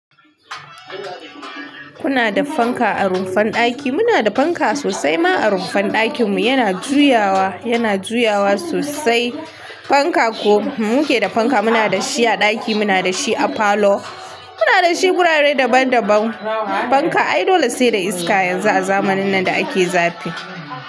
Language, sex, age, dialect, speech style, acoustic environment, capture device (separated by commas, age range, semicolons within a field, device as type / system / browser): Hausa, female, 45-54, Nigeria - Kano, happy; relaxed; excited; focused, music; nature; silence; appliances; people talking, mobile / Linux / Chrome